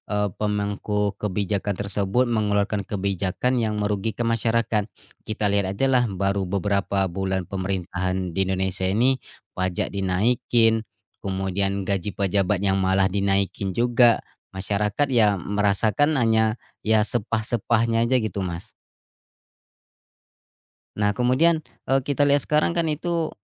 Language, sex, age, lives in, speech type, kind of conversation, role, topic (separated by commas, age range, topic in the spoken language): Indonesian, male, 25-29, Indonesia, conversation, unstructured, none, Apa pendapat kamu tentang kebijakan pemerintah yang kontroversial?